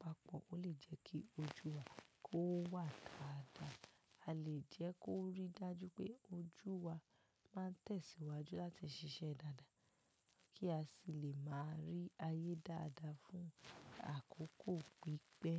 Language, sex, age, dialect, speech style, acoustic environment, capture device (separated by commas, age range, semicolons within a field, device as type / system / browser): Yoruba, female, 18-24, Nigeria - Lagos Yoruba, relaxed; focused, nature; silence, mobile / macOS / Safari